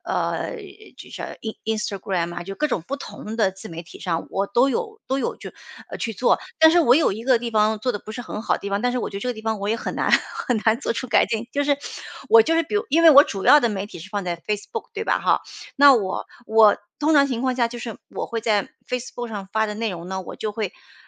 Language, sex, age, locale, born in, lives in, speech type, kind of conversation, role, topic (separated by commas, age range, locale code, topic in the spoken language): Chinese, female, 45-49, zh-CN, China, United States, conversation, advice, user, 我无法衡量进展，不确定现在的方法是否有效，该怎么办？